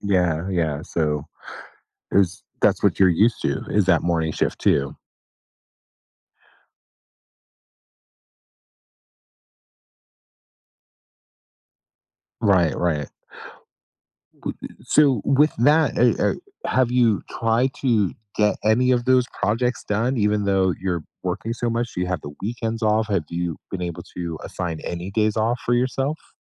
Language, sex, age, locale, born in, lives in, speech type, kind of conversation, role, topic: English, male, 50-54, en-US, United States, United States, conversation, advice, advisor, How can I move on from a dead-end job?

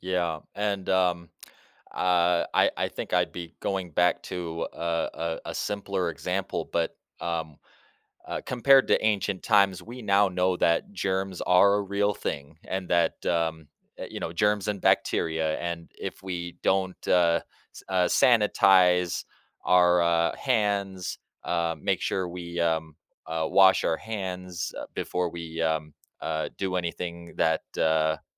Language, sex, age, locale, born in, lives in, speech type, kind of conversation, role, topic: English, male, 30-34, en-US, United States, United States, conversation, podcast, host, In what ways does science shape the routines and comforts of our daily lives?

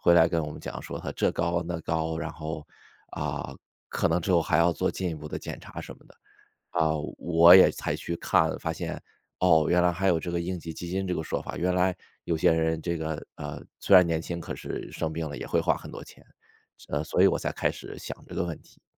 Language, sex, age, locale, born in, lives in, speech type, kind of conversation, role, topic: Chinese, male, 35-39, zh-CN, China, United States, conversation, advice, user, 为什么我想建立应急基金却总是存不下钱？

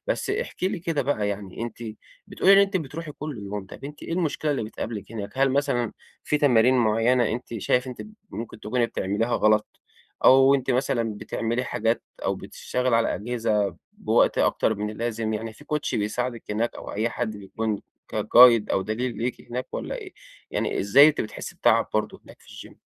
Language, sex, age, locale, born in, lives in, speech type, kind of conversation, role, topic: Arabic, male, 25-29, ar-EG, Egypt, Egypt, conversation, advice, advisor, إزاي أوازن بين التمرين والراحة من غير ما أتعب جسمي؟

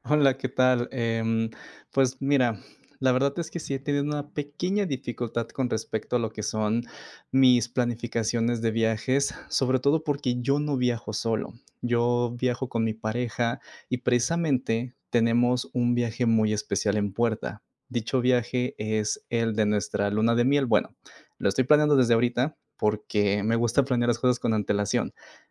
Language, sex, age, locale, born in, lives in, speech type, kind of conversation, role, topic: Spanish, male, 25-29, es-MX, Mexico, Mexico, conversation, advice, user, ¿Por qué me cuesta organizar mis viajes y vacaciones?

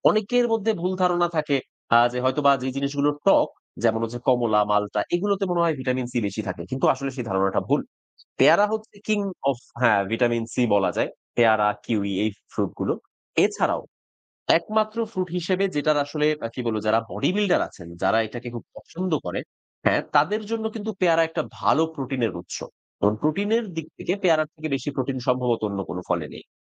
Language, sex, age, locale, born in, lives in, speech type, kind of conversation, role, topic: Bengali, male, 30-34, bn-BD, Bangladesh, Bangladesh, conversation, podcast, guest, কম বাজেটে স্বাস্থ্যকর খাবার কেনার জন্য আপনার কী কী টিপস আছে?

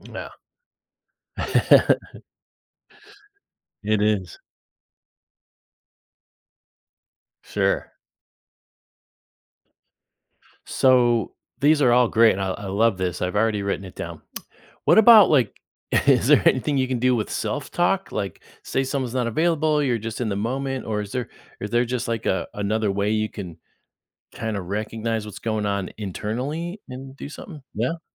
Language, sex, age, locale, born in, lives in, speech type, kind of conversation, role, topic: English, male, 50-54, en-US, United States, United States, conversation, podcast, host, How can we recognize and manage feelings of overwhelm in our daily lives?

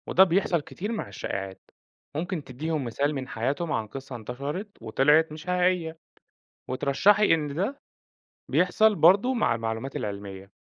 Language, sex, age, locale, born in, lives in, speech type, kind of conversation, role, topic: Arabic, male, 30-34, ar-EG, Egypt, Egypt, read, expert-assistant, expert, إزاي نعرف إن المعلومات المنتشرة عن أصل الكون فعلاً مبنية على أبحاث علمية موثوقة، ومش مجرد نظريات انتشرت على السوشيال ميديا؟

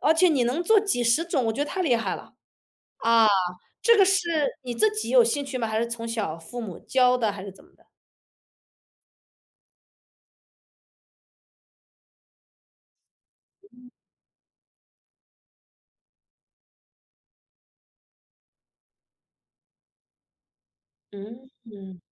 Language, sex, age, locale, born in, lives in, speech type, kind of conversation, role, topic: Chinese, female, 35-39, zh-CN, China, United States, conversation, podcast, host, 你认为哪道菜最能代表你的文化？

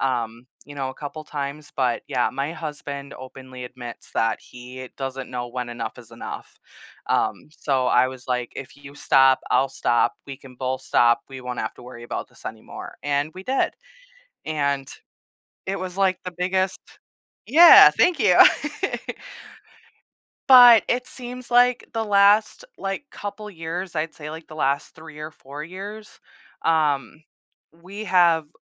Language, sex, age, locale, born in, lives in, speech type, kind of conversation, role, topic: English, female, 30-34, en-US, United States, United States, conversation, advice, user, How can I improve communication with my partner and feel more understood?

